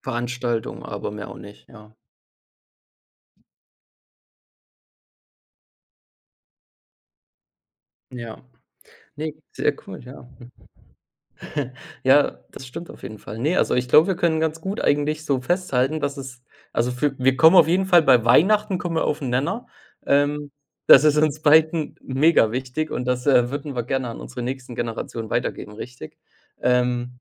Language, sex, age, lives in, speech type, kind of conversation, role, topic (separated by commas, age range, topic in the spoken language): German, male, 25-29, Germany, conversation, unstructured, none, Welche Traditionen möchtest du an die nächste Generation weitergeben?